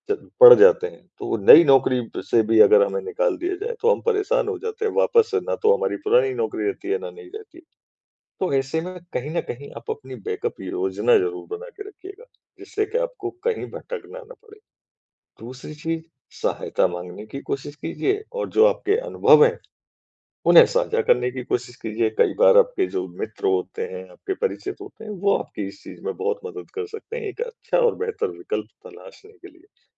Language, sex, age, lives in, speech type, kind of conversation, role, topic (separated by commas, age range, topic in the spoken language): Hindi, male, 40-44, India, conversation, advice, advisor, मैं अनिश्चितता के समय शांत और सहज कैसे रह सकता/सकती हूँ?